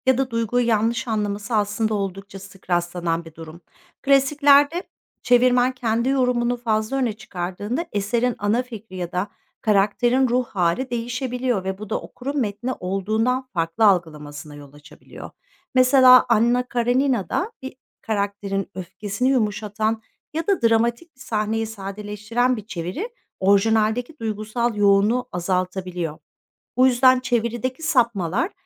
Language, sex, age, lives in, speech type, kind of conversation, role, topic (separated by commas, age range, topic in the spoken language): Turkish, female, 45-49, Netherlands, read, expert-assistant, expert, Klasik eserlerde en iyi çeviri nasıl seçilir?